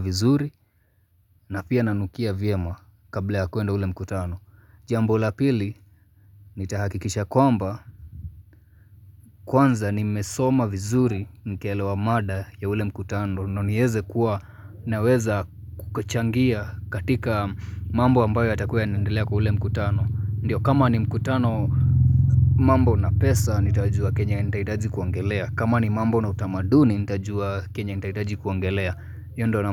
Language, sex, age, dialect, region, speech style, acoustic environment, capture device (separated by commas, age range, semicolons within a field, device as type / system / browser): Swahili, male, 25-34, Kenya - Mombasa, Kenya, happy; focused; relaxed, nature, mobile / Linux / Chrome